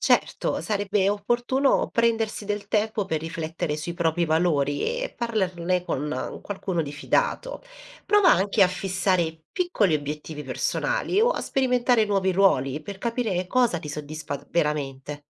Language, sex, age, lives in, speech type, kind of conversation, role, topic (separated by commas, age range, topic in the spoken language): Italian, female, 30-34, Italy, read, expert-assistant, expert, Cosa spinge davvero a scegliere una professione piuttosto che un’altra?